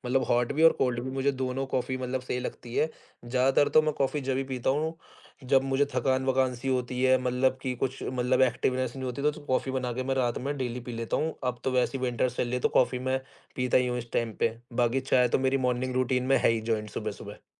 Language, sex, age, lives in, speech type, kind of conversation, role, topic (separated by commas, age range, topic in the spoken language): Hindi, male, 20-24, India, conversation, podcast, guest, Tumhari morning routine mein chai ya coffee ka kya role hota hai?